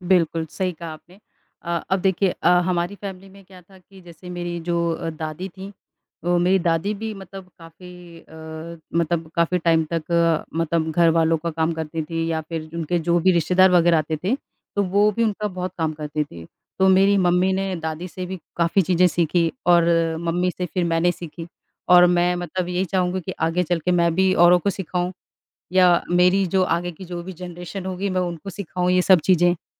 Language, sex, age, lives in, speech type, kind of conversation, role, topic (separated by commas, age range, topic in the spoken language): Hindi, female, 35-39, India, conversation, unstructured, none, आपको अपने काम में सबसे ज़्यादा क्या पसंद है?